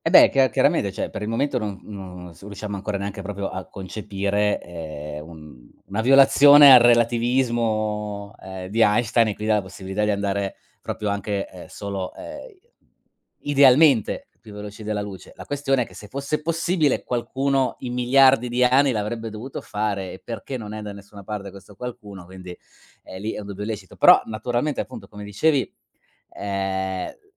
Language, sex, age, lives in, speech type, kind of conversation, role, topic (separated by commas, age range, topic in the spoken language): Italian, male, 40-44, Germany, conversation, unstructured, none, Che cosa ti affascina di più delle scoperte spaziali?